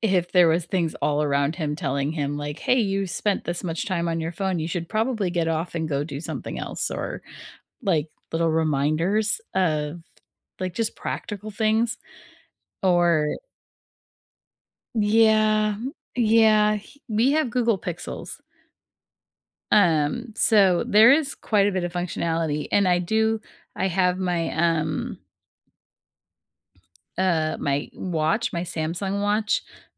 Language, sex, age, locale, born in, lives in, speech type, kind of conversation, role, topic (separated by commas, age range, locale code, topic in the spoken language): English, female, 35-39, en-US, United States, United States, conversation, unstructured, none, What is one tech feature you wish every device had?